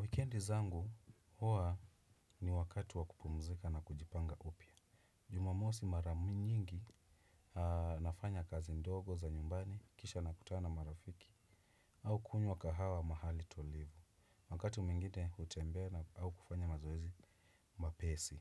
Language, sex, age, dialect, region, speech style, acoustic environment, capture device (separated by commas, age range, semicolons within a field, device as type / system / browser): Swahili, male, 25-34, Kenya - Nairobi Sheng-influenced, Kenya, relaxed, silence, desktop / Windows / Chrome